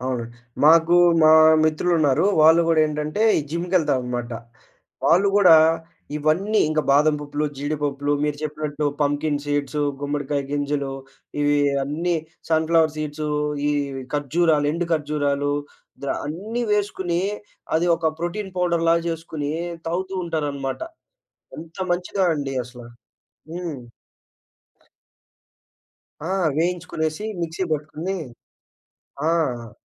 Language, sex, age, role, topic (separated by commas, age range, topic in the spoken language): Telugu, male, 20-24, host, సీజన్‌లో లభించే పదార్థాలతో మీరు చేసే కొత్త వంట ప్రయోగం ఏది?